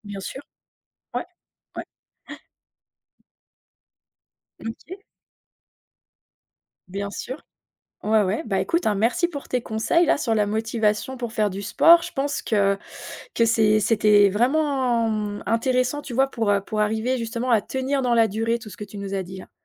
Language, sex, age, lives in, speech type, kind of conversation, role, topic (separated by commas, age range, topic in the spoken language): French, female, 45-49, France, conversation, podcast, host, Comment trouves-tu la motivation pour faire du sport ?